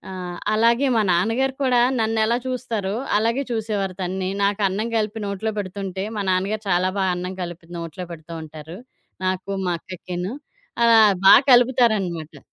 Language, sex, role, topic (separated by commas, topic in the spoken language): Telugu, female, guest, మీ పరివారం లేదా స్నేహితులు ఎలా స్పందించారు?